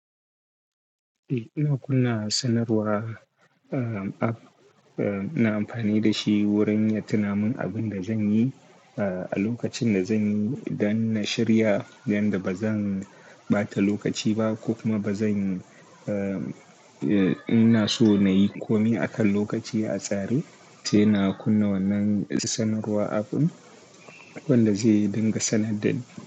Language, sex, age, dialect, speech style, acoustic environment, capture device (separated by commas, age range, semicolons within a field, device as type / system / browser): Hausa, male, 18-24, Nigeria - Kano, relaxed; happy; focused; excited, nature, desktop / Linux / Chrome